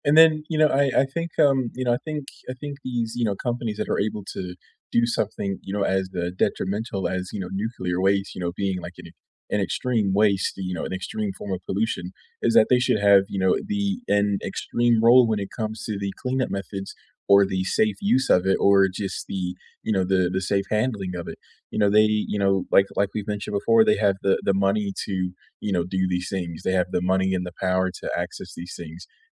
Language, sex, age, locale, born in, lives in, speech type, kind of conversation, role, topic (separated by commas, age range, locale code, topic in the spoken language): English, male, 25-29, en-US, United States, United States, conversation, unstructured, none, What role do you think big corporations play in causing pollution?